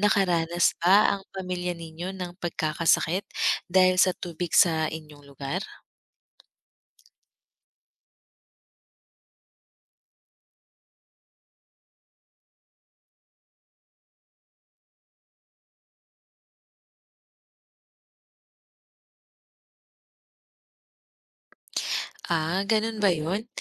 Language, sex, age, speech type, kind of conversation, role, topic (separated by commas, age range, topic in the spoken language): Filipino, female, 30-34, read, expert-assistant, expert, Bakit mahalaga ang pag-inom ng malinis na tubig sa kalusugan?